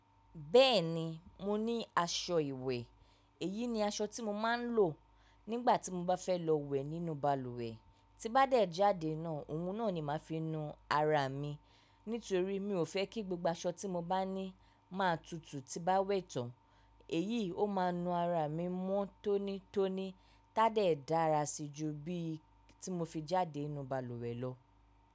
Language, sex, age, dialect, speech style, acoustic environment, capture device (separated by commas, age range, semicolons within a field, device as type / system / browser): Yoruba, female, 25-34, Nigeria - Lagos Yoruba, relaxed; focused, silence, mobile / macOS / Safari